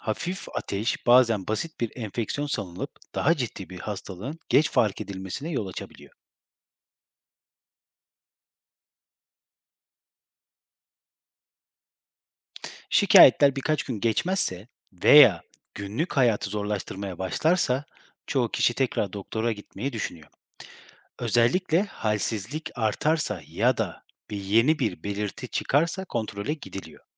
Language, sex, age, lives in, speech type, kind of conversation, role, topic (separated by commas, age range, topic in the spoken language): Turkish, male, 30-34, Germany, read, expert-assistant, expert, Hafif başlayan belirtiler ciddi hastalıkların tanısında gecikmeye neden olur mu?